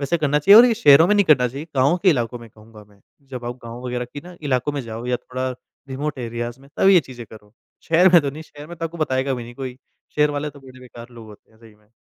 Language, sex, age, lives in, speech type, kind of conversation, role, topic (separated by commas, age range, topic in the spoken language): Hindi, male, 25-29, India, conversation, podcast, guest, क्या कभी नक्शा‑दिशा बताने वाली सेवा ने आपको गलत रास्ते पर भेजकर किसी अजीब जगह पहुँचा दिया है?